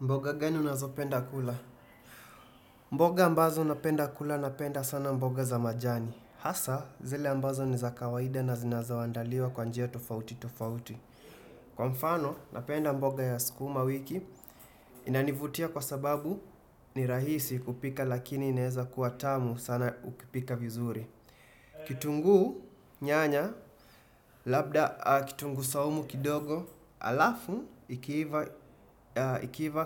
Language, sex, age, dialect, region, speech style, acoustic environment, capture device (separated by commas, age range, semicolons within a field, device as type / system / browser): Swahili, male, 25-34, Kenya - Nairobi Sheng-influenced, Kenya, happy, silence, desktop / Windows / Chrome